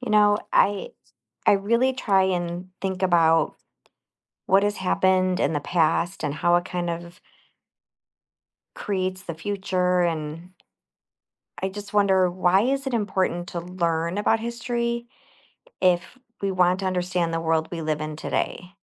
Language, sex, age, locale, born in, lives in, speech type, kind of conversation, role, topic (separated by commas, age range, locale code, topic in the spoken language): English, female, 45-49, en-US, United States, United States, read, expert-assistant, asker, How does learning about history help people understand the present?